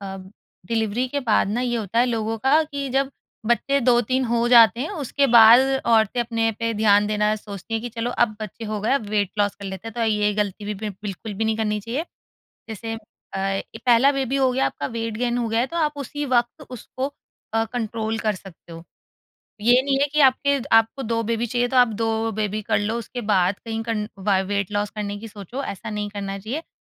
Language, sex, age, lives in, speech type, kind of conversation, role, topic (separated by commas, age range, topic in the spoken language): Hindi, female, 30-34, India, conversation, podcast, guest, Aapne rozana vyayam ko apni aadat kaise banaya?